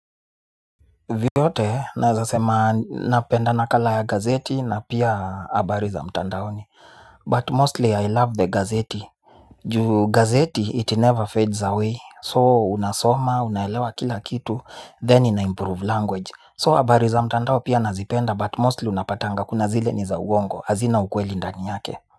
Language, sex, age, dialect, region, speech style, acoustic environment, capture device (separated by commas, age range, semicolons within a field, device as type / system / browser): Swahili, male, 25-34, Kenya - Nairobi Sheng-influenced, Kenya, nervous; excited; focused; anxious, people talking; music; nature, mobile / Linux / Chrome